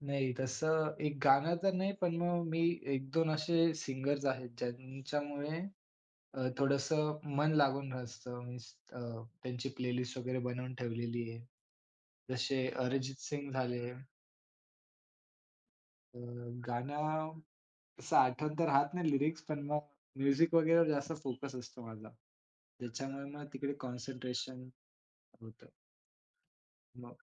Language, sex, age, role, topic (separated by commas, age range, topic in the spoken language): Marathi, male, 20-24, guest, संगीत ऐकल्यामुळे तुमचा एकाग्रपणा वाढतो का, आणि तो कसा वाढतो?